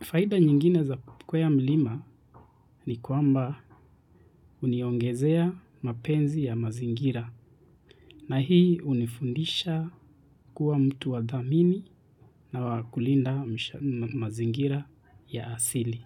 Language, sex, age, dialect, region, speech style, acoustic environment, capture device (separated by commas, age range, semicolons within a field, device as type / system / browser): Swahili, male, 25-34, Kenya - Nairobi Sheng-influenced, Kenya, relaxed; excited, silence; people talking, mobile / Linux / Chrome